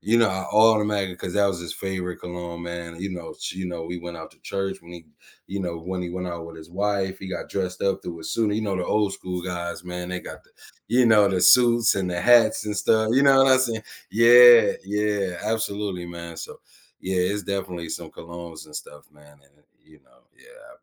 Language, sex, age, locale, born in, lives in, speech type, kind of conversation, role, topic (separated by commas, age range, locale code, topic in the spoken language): English, male, 35-39, en-US, United States, United States, conversation, unstructured, none, Why do certain smells trigger such vivid memories from our past?